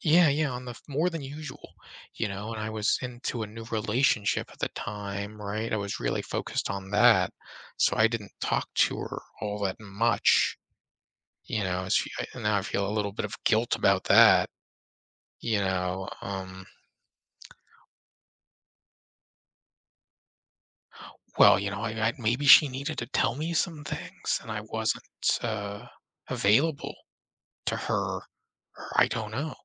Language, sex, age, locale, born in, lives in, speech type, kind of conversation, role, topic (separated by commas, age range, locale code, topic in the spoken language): English, male, 35-39, en-US, United States, United States, conversation, advice, user, How do I cope with losing a close friend or family member?